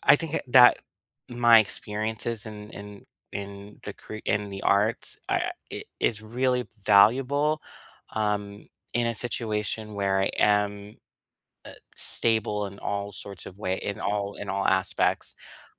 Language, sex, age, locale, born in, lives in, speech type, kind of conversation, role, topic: English, male, 35-39, en-US, United States, United States, conversation, unstructured, none, Which hobby did you leave behind, and what would it take to rekindle it?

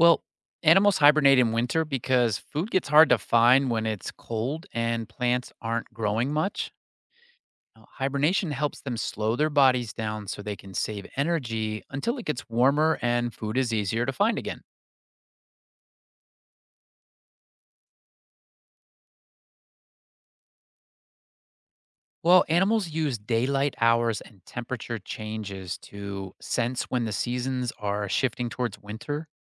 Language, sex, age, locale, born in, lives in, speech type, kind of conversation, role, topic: English, male, 45-49, en-US, United States, United States, read, expert-assistant, expert, How do animals survive the winter?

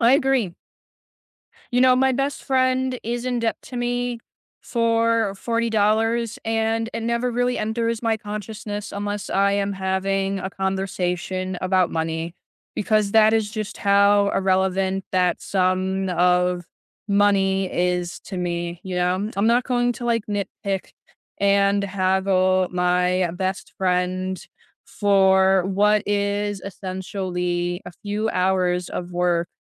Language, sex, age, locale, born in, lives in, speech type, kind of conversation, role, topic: English, other, 20-24, en-US, United States, United States, conversation, unstructured, none, Have you ever felt happier after resolving a conflict?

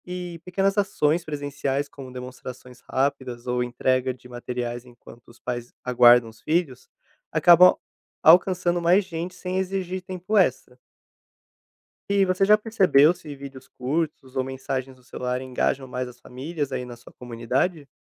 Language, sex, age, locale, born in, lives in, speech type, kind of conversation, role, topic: Portuguese, male, 25-29, pt-BR, Brazil, Portugal, read, expert-assistant, expert, Como envolver toda a comunidade escolar na prevenção de doenças?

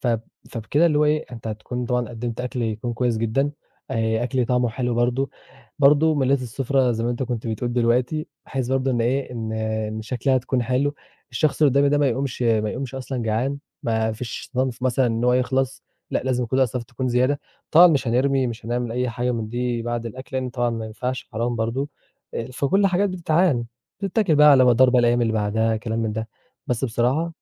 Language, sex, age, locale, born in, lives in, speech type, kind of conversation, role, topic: Arabic, male, 20-24, ar-EG, Egypt, Egypt, conversation, podcast, guest, إزاي بتخطّطي وجبة لعيلة كبيرة؟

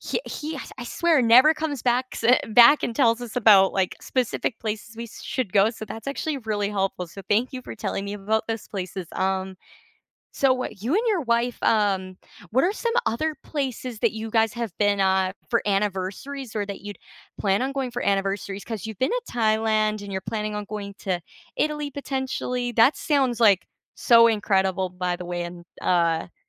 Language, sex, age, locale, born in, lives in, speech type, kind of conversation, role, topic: English, female, 20-24, en-CA, Canada, Canada, conversation, unstructured, none, What travel daydream have you been imagining lately, and what about it pulls you in?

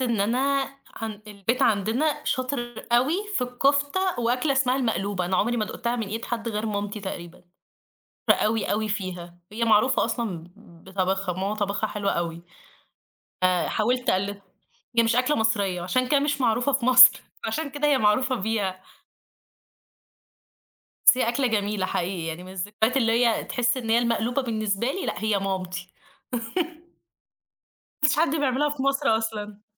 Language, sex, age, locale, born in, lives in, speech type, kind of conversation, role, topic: Arabic, female, 20-24, ar-EG, Egypt, Egypt, conversation, podcast, guest, إيه أكتر ذكرى قوية بتجمعك بعيلتك؟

